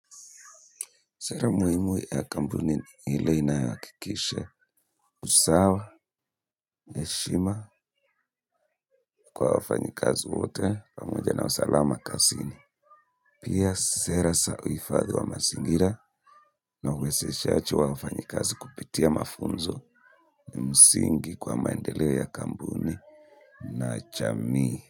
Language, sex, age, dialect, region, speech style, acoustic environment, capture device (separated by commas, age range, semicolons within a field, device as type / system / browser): Swahili, male, 25-34, Kenya - Nairobi Sheng-influenced, Kenya, happy; excited; focused; relaxed, people talking; appliances; nature; silence, mobile / Linux / Chrome